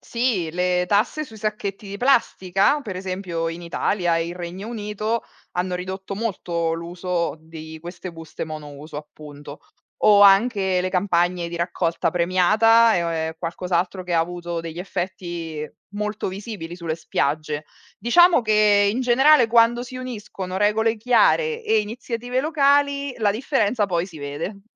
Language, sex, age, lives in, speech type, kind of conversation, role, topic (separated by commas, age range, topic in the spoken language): Italian, female, 35-39, Italy, read, expert-assistant, expert, Quando si parla di inquinamento marino, è più efficace ridurre l’uso della plastica o investire in nuove tecnologie per pulire i mari? Perché una strada può essere migliore dell’altra?